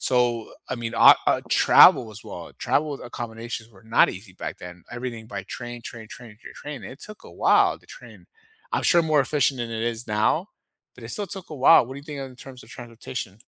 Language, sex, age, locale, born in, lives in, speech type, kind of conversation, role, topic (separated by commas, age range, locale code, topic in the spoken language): English, male, 30-34, en-US, United States, United States, conversation, unstructured, none, What was life like 100 years ago?